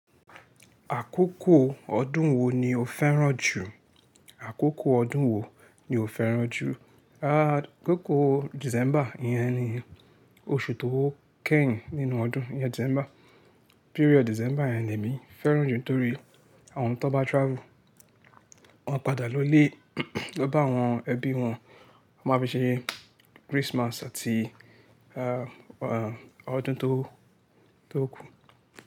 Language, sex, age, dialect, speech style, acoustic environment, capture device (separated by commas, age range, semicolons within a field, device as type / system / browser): Yoruba, male, 25-34, Nigeria - Lagos Yoruba, focused, silence, mobile / Linux / Chrome